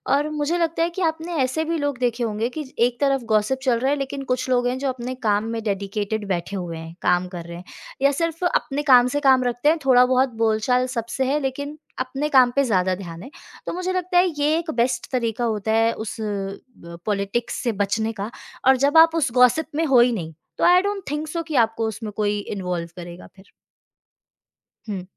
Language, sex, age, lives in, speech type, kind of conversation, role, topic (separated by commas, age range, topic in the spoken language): Hindi, female, 25-29, India, conversation, podcast, guest, ऑफिस पॉलिटिक्स से बचने या निपटने का तरीका क्या है?